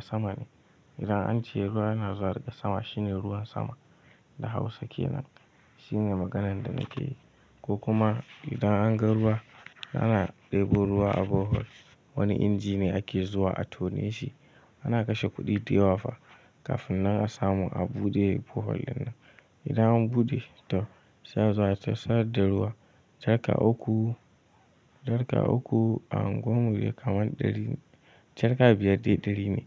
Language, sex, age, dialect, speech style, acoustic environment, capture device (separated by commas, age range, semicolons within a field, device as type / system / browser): Hausa, male, 18-24, Nigeria - Kano, tired, nature, mobile / Linux / Chrome